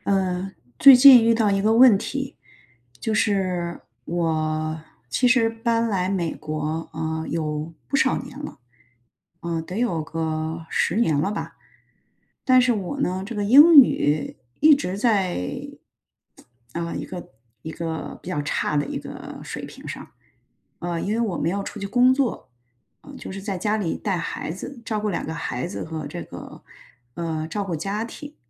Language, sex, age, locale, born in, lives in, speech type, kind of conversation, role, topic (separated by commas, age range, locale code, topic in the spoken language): Chinese, female, 45-49, zh-CN, China, United States, conversation, advice, user, 当我的进步停滞时，我该如何保持动力并避免倦怠？